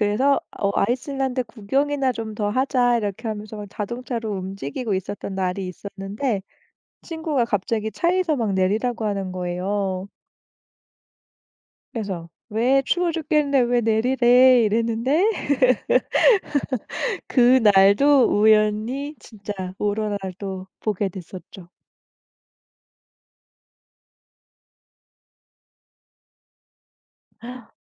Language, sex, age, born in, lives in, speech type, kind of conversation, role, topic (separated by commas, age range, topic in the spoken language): Korean, female, 35-39, South Korea, Germany, conversation, podcast, guest, 사진보다 더 강렬했던 자연의 순간이 있었나요?